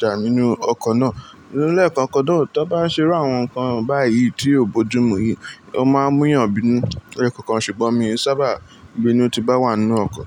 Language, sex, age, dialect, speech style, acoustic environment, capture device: Yoruba, male, 18-24, Nigeria - Lagos Yoruba, relaxed, appliances; people talking, mobile / Linux / Chrome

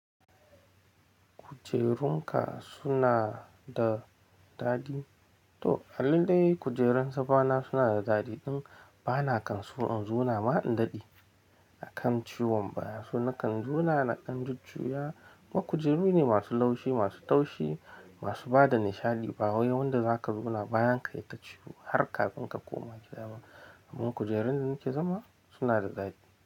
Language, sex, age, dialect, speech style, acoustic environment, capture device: Hausa, male, 25-34, Nigeria - Nigerian Standard, happy; tired; relaxed, people talking; nature; silence, mobile / Linux / Chrome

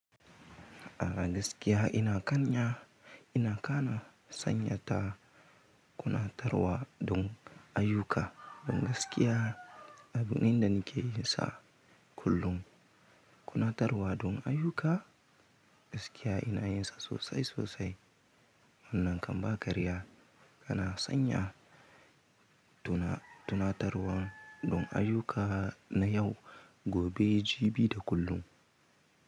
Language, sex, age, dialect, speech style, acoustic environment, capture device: Hausa, male, 18-24, Nigeria - Kano, anxious; happy, silence; nature, mobile / Linux / Chrome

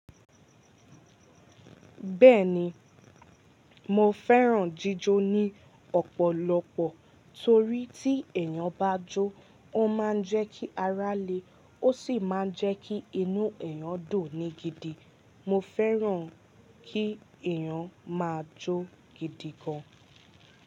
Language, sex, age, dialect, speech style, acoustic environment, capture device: Yoruba, female, 18-24, Nigeria - Ibadan, relaxed, nature, mobile / Linux / Chrome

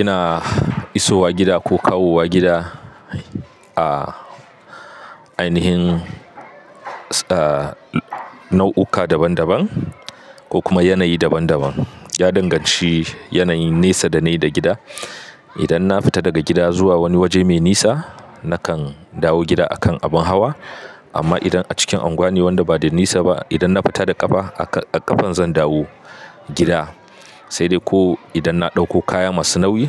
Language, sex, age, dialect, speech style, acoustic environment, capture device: Hausa, male, 25-34, Nigeria - Katsina, happy, people talking, mobile / Linux / Chrome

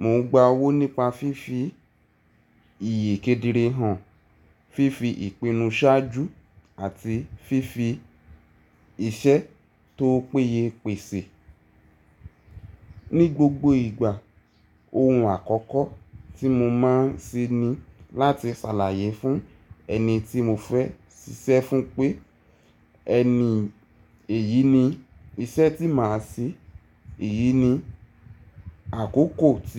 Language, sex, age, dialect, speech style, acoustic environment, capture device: Yoruba, male, 18-24, Nigeria - Ibadan, stressed, appliances, mobile / Linux / Chrome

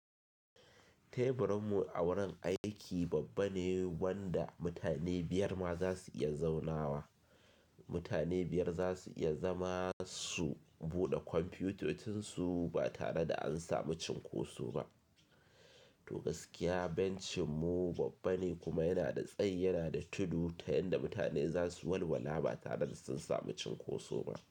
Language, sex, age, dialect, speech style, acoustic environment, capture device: Hausa, male, 25-34, Nigeria - Kano, happy, silence, mobile / Linux / Chrome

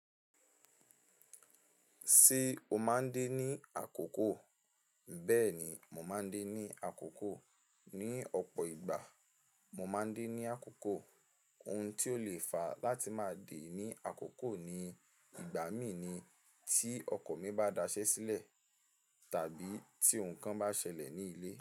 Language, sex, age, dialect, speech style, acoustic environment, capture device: Yoruba, male, 18-24, Nigeria - Ibadan, relaxed; stressed, silence; nature; appliances, mobile / Linux / Chrome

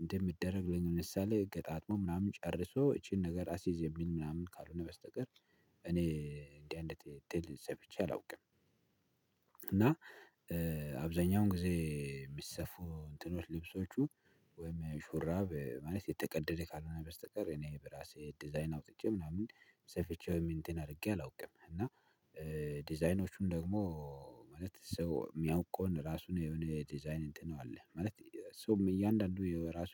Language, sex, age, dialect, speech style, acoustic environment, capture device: Amharic, male, 25-34, Ethiopia - Addis Ababa, excited, nature, mobile / Linux / Chrome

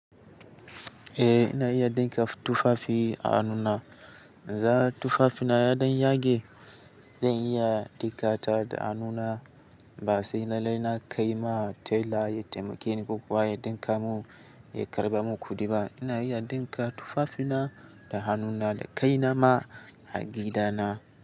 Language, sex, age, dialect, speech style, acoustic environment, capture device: Hausa, male, 18-24, Nigeria - Katsina, excited, silence; nature, mobile / Linux / Chrome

